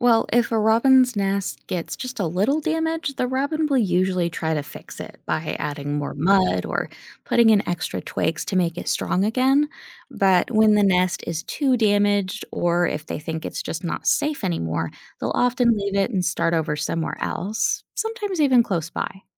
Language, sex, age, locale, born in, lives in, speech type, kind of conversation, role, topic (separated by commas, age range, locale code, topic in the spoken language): English, female, 30-34, en-US, United States, United States, read, expert-assistant, expert, How do birds build their nests?